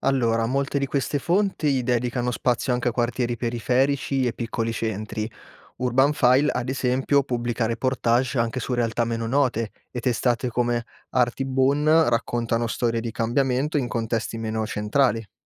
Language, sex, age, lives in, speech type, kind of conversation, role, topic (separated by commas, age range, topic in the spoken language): Italian, male, 25-29, Italy, read, expert-assistant, expert, Quali siti web o riviste sono utili per capire come cambiano le città oggi?